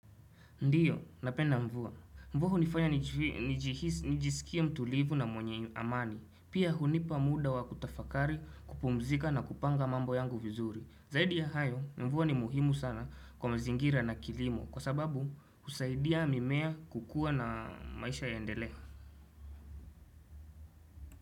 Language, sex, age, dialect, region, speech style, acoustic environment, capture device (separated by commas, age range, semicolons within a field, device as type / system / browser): Swahili, male, 18-24, Kenya - Nairobi Sheng-influenced, Kenya, happy; anxious; nervous; excited; focused; relaxed, traffic; people talking; music; appliances, desktop / Windows / Chrome